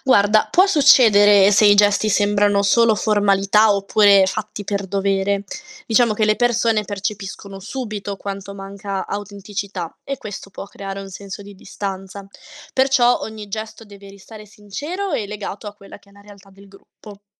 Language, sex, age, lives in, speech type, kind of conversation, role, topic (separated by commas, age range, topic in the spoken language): Italian, female, 20-24, Italy, read, expert-assistant, expert, Cosa aiuta di più a imparare il lavoro sociale: l’esperienza sul campo o i corsi teorici?